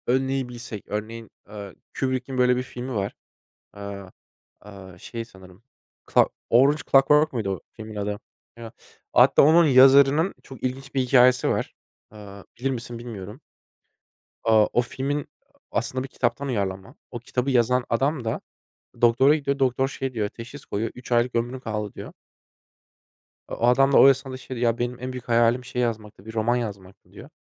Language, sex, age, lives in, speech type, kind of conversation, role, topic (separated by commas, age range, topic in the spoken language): Turkish, male, 25-29, Germany, conversation, unstructured, none, Eğer bir süper gücünüz olsaydı, bu güç ne olurdu ve onu nasıl kullanırdınız?